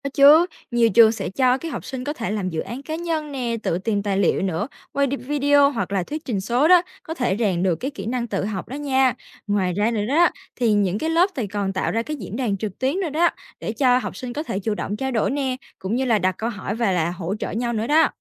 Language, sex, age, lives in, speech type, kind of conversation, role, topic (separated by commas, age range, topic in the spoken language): Vietnamese, female, 18-19, Vietnam, read, expert-assistant, expert, Nếu so sánh việc xây dựng chương trình học với việc trồng một khu vườn, bạn thấy có những điểm tương đồng nào nổi bật?